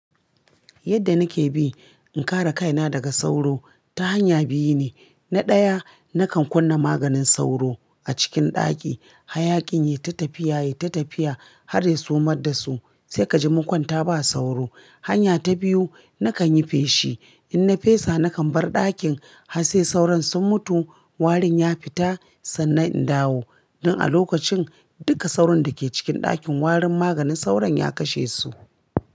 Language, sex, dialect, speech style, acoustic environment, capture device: Hausa, female, Nigeria - Kano, relaxed; focused, appliances; silence; nature, mobile / Linux / Chrome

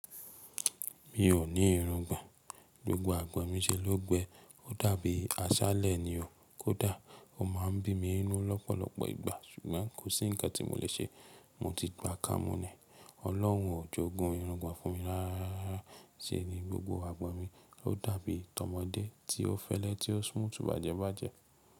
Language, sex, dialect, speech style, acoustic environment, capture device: Yoruba, male, Nigeria - Nigerian Standard, relaxed, silence; nature, mobile / Linux / Chrome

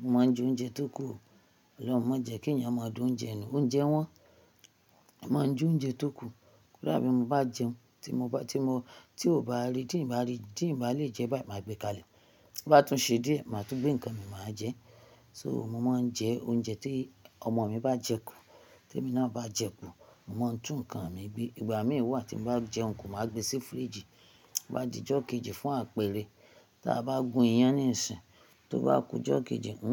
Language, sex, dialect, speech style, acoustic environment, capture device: Yoruba, female, Nigeria - Ibadan, happy; focused; relaxed, people talking; traffic; nature, mobile / Linux / Chrome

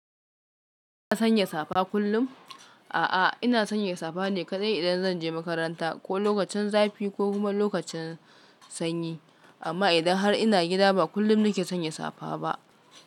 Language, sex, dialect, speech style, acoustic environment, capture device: Hausa, female, Nigeria - Kano, focused, silence; nature, mobile / Linux / Chrome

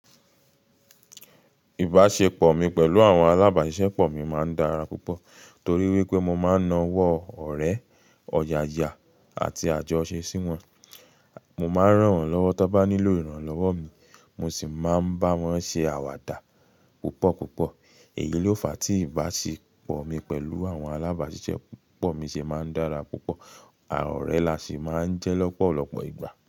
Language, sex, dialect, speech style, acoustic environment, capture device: Yoruba, male, Nigeria - Nigerian Standard, relaxed, silence, mobile / Linux / Chrome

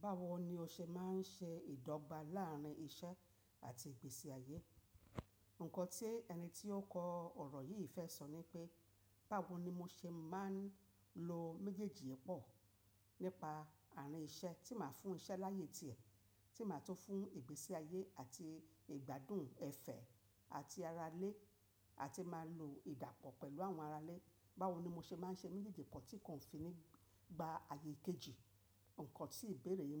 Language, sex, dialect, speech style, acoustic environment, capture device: Yoruba, female, Nigeria - Lagos Yoruba, relaxed; focused, nature, mobile / macOS / Safari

